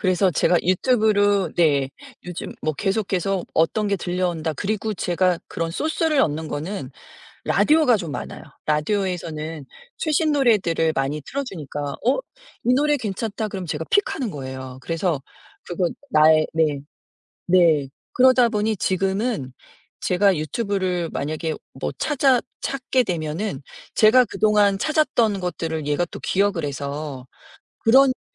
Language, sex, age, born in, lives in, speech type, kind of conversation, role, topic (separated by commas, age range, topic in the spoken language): Korean, female, 50-54, South Korea, United States, conversation, podcast, guest, 음악 취향은 어떻게 형성되었나요?